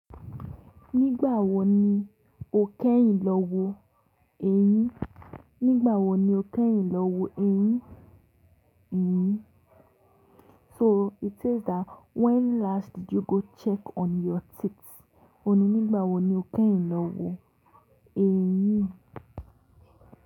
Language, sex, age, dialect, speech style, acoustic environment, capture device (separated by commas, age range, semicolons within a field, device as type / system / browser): Yoruba, female, 18-24, Nigeria - Lagos Yoruba, relaxed, silence, mobile / Linux / Chrome